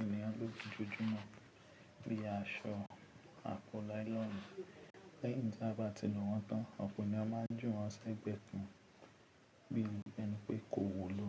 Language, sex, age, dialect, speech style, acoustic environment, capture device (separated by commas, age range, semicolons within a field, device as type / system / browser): Yoruba, male, 25-34, Nigeria - Ibadan, relaxed; nervous, people talking, mobile / Linux / Chrome